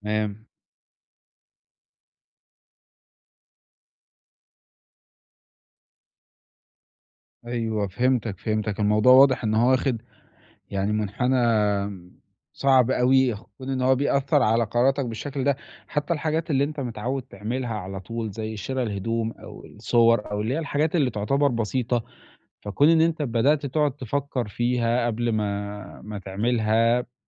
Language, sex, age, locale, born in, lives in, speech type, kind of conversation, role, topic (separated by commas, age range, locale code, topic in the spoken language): Arabic, male, 20-24, ar-EG, Egypt, Italy, conversation, advice, advisor, إزاي أتعامل مع ردود الفعل السلبية بشكل بنّاء؟